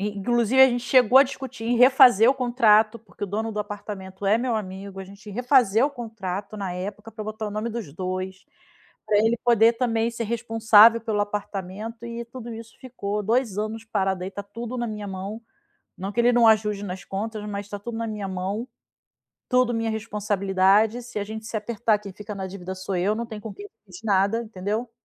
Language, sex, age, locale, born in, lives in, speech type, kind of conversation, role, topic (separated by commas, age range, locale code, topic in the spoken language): Portuguese, female, 40-44, pt-BR, Brazil, Spain, conversation, advice, user, Como é conviver com o ex por ainda morarem juntos após o término?